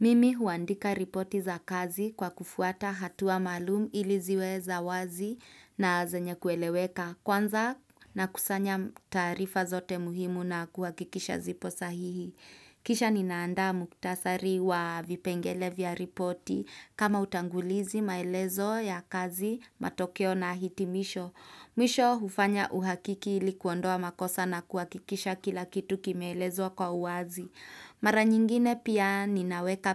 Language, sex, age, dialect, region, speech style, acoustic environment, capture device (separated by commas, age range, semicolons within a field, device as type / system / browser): Swahili, female, 25-34, Kenya - Nairobi Sheng-influenced, Kenya, happy; relaxed; excited; focused, nature; silence; appliances, desktop / Windows / Chrome